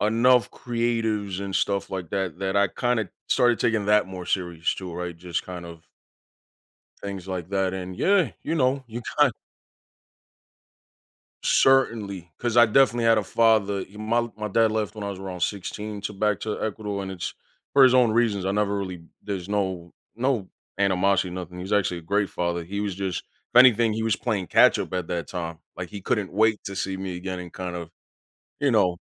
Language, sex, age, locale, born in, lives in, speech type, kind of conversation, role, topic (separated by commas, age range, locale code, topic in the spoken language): English, male, 30-34, en-US, United States, United States, conversation, podcast, guest, How have challenges in your life shaped who you are today?